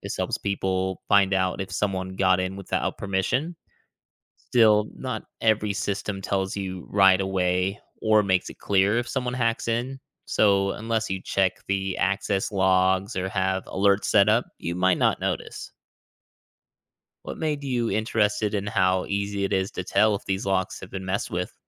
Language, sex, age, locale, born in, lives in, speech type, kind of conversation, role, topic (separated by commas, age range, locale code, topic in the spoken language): English, male, 35-39, en-US, United States, United States, read, expert-assistant, expert, How does a lock and key system ensure security?